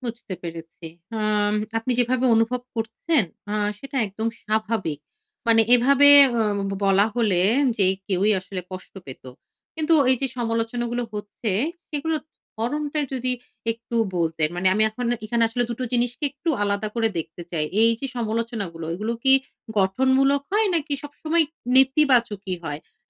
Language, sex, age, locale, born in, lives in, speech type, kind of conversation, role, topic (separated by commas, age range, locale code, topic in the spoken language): Bengali, female, 35-39, bn-BD, Bangladesh, Finland, conversation, advice, advisor, আমি কীভাবে শান্তভাবে সমালোচনা গ্রহণ করে বিনয়ের সঙ্গে জবাব দিতে পারি?